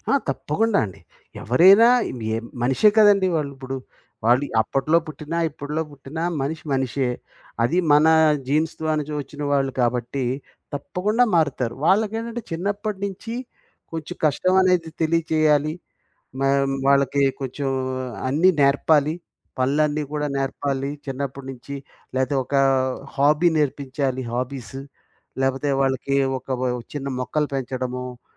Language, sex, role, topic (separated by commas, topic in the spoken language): Telugu, male, guest, ప్రయత్నం విఫలమైనప్పుడు మళ్లీ ప్రయత్నించడానికి మీరు ప్రేరణను ఎలా పొందుతారు?